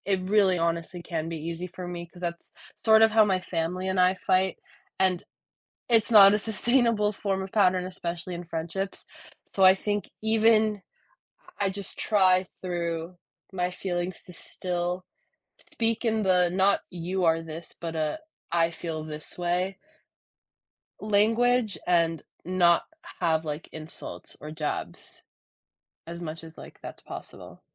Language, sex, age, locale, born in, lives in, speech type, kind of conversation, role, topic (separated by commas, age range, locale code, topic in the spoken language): English, female, 20-24, en-US, United States, United States, conversation, podcast, guest, What helps you maintain strong friendships when you don’t see eye to eye?